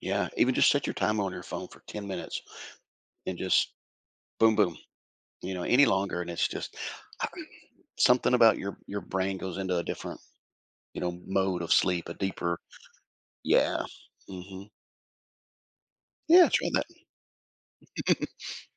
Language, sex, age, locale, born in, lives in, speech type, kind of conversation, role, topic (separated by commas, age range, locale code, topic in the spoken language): English, male, 55-59, en-US, United States, United States, conversation, podcast, guest, What small routines make a big difference in your productivity?